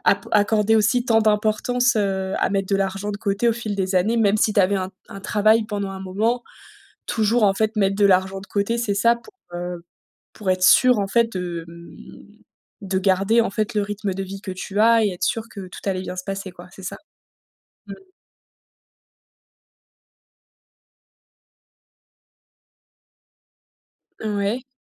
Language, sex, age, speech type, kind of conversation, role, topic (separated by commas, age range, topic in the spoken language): French, female, 30-34, conversation, podcast, host, Comment te prépares-tu financièrement à une transition professionnelle ?